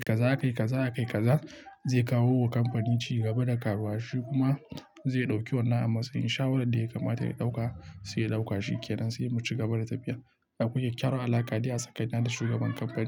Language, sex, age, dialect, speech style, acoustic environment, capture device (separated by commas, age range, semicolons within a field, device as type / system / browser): Hausa, male, 25-34, Nigeria - Nigerian Standard, happy, silence, mobile / Linux / Chrome